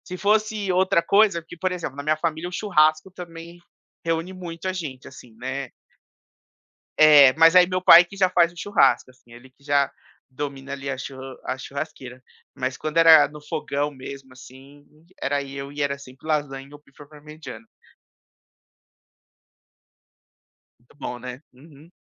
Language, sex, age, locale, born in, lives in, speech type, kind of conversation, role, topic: Portuguese, male, 30-34, pt-BR, Brazil, United States, conversation, podcast, guest, Qual prato sempre reúne sua família nos encontros?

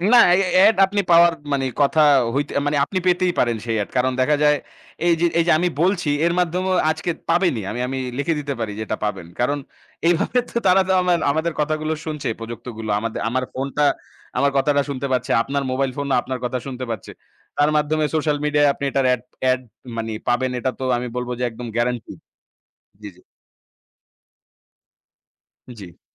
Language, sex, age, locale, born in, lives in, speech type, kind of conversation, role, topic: Bengali, male, 25-29, bn-BD, Bangladesh, Bangladesh, conversation, unstructured, none, আপনার জীবনে মোবাইল ফোনের গুরুত্ব কী?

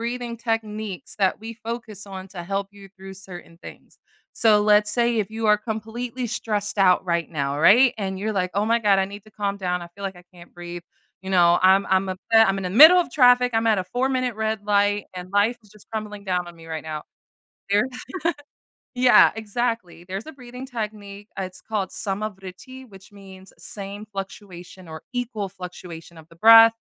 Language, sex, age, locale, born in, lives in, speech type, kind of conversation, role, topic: English, female, 30-34, en-US, United States, United States, conversation, podcast, guest, What habits or routines help you handle stress in your daily life?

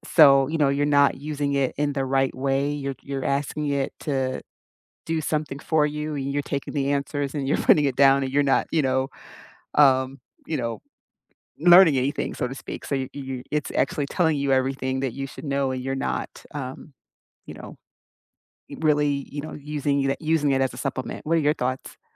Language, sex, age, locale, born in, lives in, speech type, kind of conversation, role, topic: English, female, 50-54, en-US, United States, United States, conversation, unstructured, none, How do you learn best—through notes, videos, or hands-on practice?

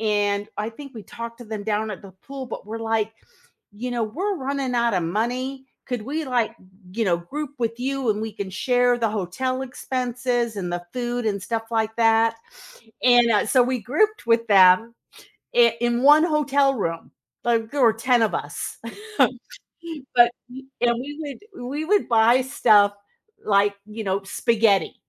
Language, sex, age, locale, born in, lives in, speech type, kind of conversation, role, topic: English, female, 60-64, en-US, United States, United States, conversation, podcast, guest, How does music shape our emotions, memories, and sense of identity?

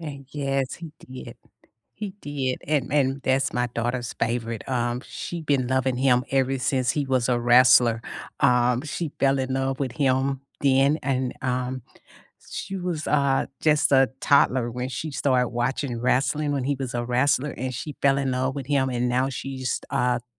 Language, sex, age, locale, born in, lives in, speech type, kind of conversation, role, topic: English, female, 55-59, en-US, United States, United States, conversation, unstructured, none, Which underrated actors are your must-watch picks for any role, and why?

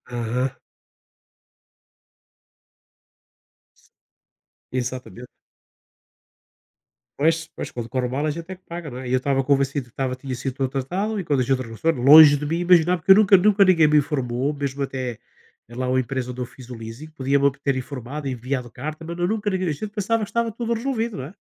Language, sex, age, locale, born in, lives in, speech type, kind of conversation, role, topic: Portuguese, male, 60-64, pt-PT, Portugal, Portugal, conversation, unstructured, none, Você acha que a tecnologia está invadindo demais a nossa privacidade?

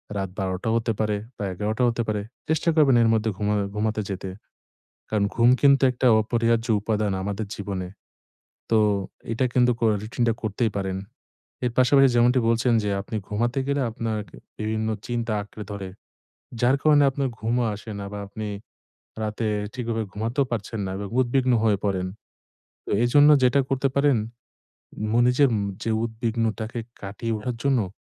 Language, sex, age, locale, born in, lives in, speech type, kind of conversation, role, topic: Bengali, male, 25-29, bn-BD, Bangladesh, Bangladesh, conversation, advice, advisor, রাতে চাপ ও উদ্বেগ কমিয়ে কীভাবে আমি শান্ত হতে পারি?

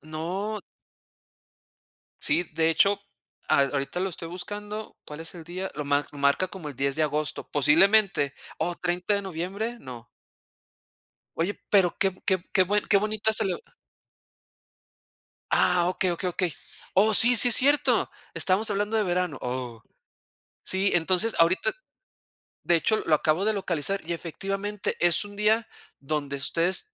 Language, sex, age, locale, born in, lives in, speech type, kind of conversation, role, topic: Spanish, male, 40-44, es-MX, Mexico, Mexico, conversation, unstructured, none, ¿Tienes alguna tradición familiar que te cause nostalgia?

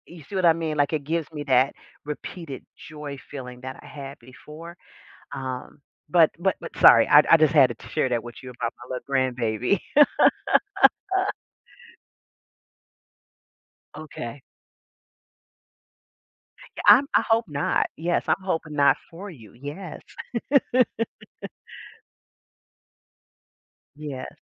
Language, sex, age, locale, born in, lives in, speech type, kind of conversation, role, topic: English, female, 55-59, en-US, United States, United States, conversation, unstructured, none, Would you rather live in a world without music or a world without movies?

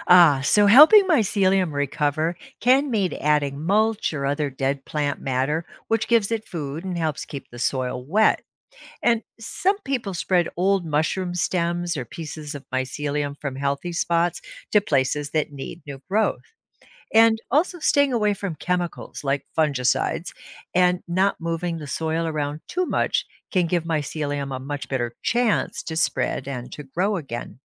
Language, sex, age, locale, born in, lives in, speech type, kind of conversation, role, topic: English, female, 65-69, en-US, United States, United States, read, expert-assistant, expert, How do mushrooms grow and spread without sunlight?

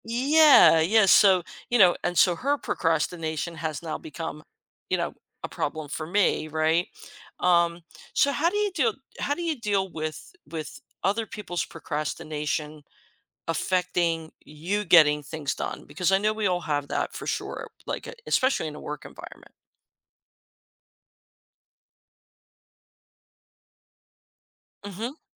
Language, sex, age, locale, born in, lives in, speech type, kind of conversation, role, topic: English, female, 55-59, en-US, United States, United States, conversation, podcast, host, What strategies have you found most effective for staying focused and getting things done?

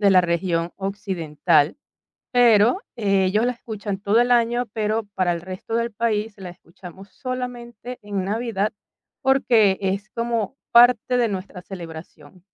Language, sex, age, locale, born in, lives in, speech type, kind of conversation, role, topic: Spanish, female, 45-49, es-VE, Venezuela, Italy, conversation, advice, user, ¿Cómo extrañas la comida y las tradiciones de tu país de origen?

